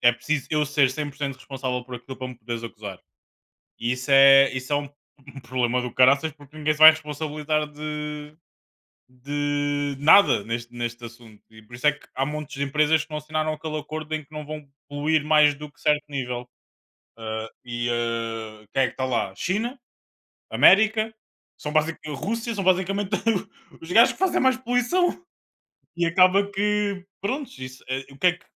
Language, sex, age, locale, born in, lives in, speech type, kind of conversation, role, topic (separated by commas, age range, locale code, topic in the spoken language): Portuguese, male, 25-29, pt-PT, Portugal, Portugal, conversation, unstructured, none, Por que é importante reduzir o uso de plástico descartável?